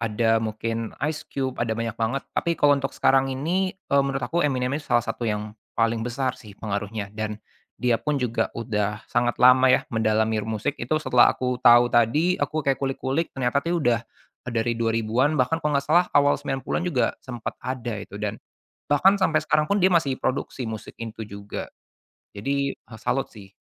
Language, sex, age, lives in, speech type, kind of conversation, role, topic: Indonesian, male, 25-29, Indonesia, conversation, podcast, guest, Siapa musisi yang paling berpengaruh terhadap selera musikmu?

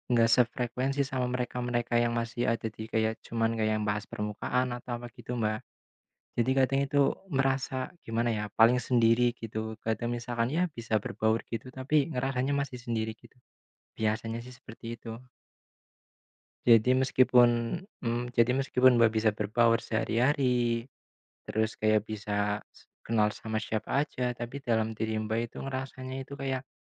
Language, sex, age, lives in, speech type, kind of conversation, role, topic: Indonesian, male, 25-29, Indonesia, conversation, unstructured, none, Apa peran rasa syukur dalam hidupmu?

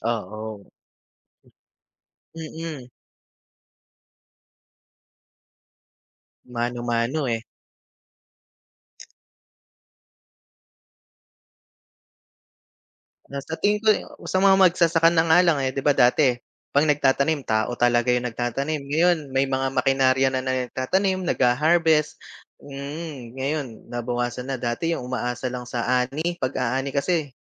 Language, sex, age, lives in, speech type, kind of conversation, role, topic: Filipino, male, 35-39, Philippines, conversation, unstructured, none, Paano binabago ng teknolohiya ang araw-araw nating buhay?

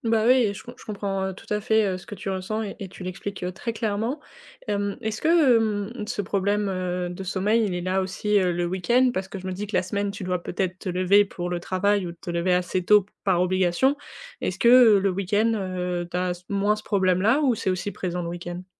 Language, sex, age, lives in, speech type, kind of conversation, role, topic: French, female, 20-24, France, conversation, advice, advisor, Comment puis-je me réveiller avec plus d’énergie le matin ?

